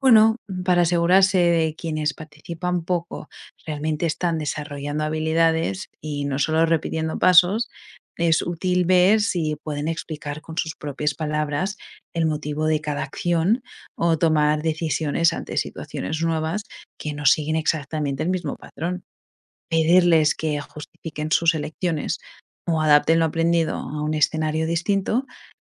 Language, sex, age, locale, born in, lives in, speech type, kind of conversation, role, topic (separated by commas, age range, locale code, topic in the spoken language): Spanish, female, 25-29, es-ES, Spain, United States, read, expert-assistant, expert, ¿Cómo pueden las teorías del aprendizaje facilitar que los adultos adquieran habilidades en el trabajo?